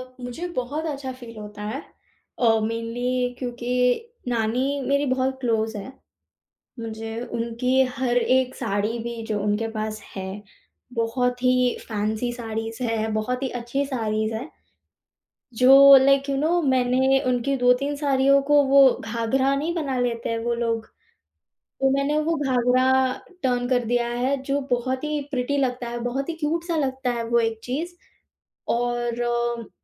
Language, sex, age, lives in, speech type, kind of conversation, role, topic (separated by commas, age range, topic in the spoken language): Hindi, female, 18-19, India, conversation, podcast, guest, Tum apne outfits mein family ke purane heirloom pieces ko kaise style karte ho?